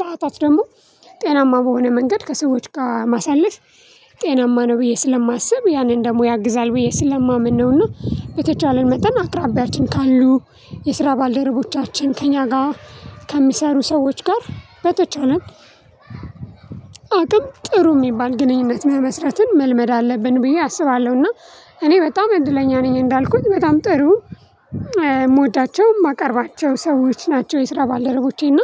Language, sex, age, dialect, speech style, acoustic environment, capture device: Amharic, female, 25-34, Ethiopia - Addis Ababa, excited; relaxed, nature, mobile / Linux / Chrome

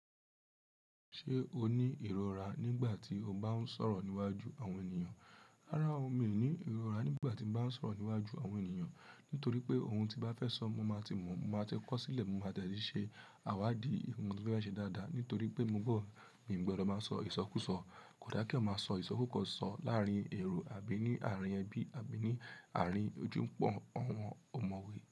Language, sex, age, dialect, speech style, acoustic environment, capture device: Yoruba, female, 18-24, Nigeria - Lagos Yoruba, relaxed; happy, nature; people talking, mobile / Linux / Chrome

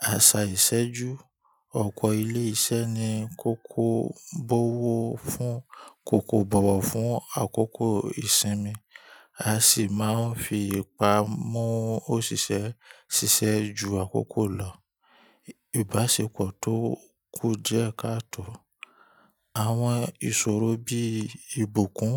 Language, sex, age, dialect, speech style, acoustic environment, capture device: Yoruba, male, 25-34, Nigeria - Ibadan, tired, nature; people talking, mobile / Linux / Chrome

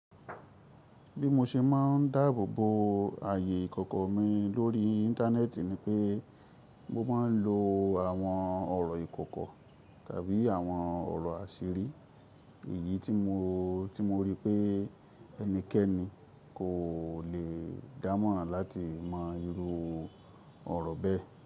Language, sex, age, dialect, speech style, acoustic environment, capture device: Yoruba, male, 25-34, Nigeria - Ibadan, happy; excited, nature; silence, mobile / Linux / Chrome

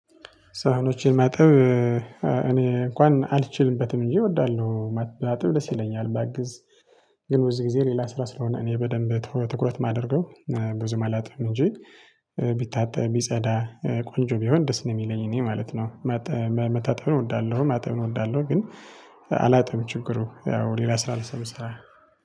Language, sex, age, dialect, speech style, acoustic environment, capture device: Amharic, male, 25-34, Ethiopia - Tigray, happy, nature; traffic, mobile / Linux / Chrome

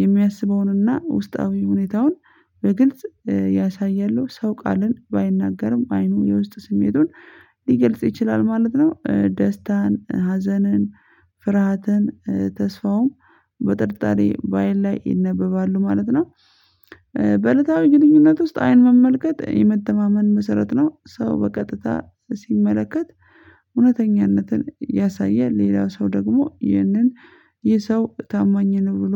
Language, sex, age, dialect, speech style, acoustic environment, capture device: Amharic, female, 25-34, Ethiopia - Addis Ababa, stressed, people talking, mobile / Linux / Chrome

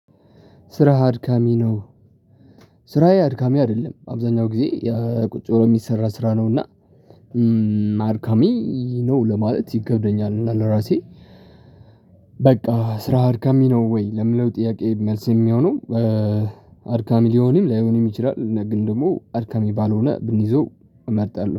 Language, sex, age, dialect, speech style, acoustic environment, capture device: Amharic, male, 18-24, Ethiopia - Tigray, relaxed, silence, mobile / Linux / Chrome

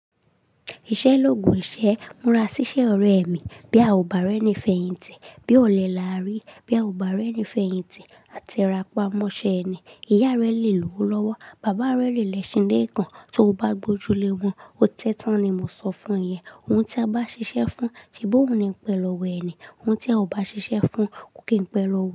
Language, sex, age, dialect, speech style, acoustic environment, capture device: Yoruba, female, 25-34, Nigeria - Lagos Yoruba, relaxed, silence, mobile / Linux / Chrome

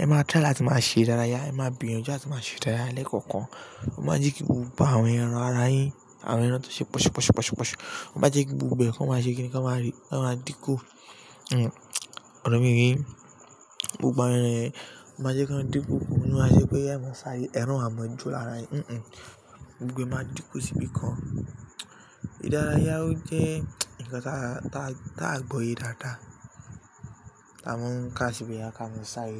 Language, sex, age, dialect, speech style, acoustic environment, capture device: Yoruba, male, 18-24, Nigeria - Lagos Yoruba, relaxed, people talking; appliances, mobile / Linux / Chrome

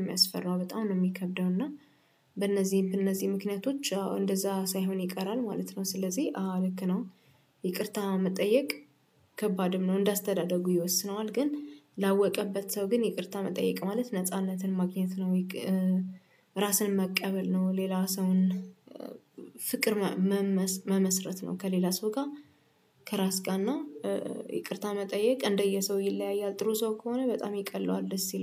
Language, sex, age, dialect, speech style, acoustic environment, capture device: Amharic, female, 25-34, Ethiopia - Addis Ababa, focused, music, desktop / Windows / Chrome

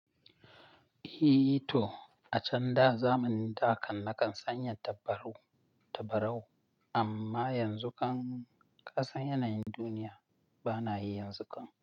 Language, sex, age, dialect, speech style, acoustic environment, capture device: Hausa, male, 25-34, Nigeria - Nigerian Standard, relaxed, silence, mobile / Linux / Chrome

